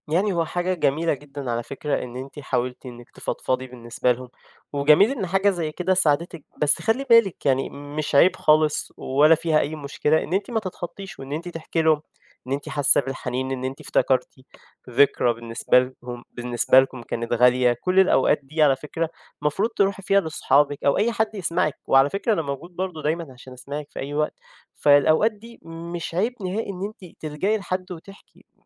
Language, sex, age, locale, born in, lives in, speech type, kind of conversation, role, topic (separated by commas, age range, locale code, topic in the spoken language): Arabic, male, 20-24, ar-EG, Egypt, Egypt, conversation, advice, advisor, إزاي أتعامل مع ذكرى الانفصال اللي بتوجعني وبتخلّيني حزين؟